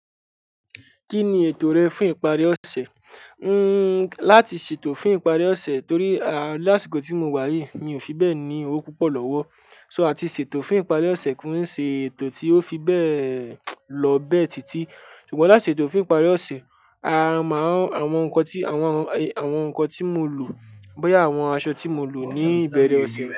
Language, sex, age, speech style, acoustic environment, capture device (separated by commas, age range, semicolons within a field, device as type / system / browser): Yoruba, male, 18-24, happy, silence, mobile / Linux / Chrome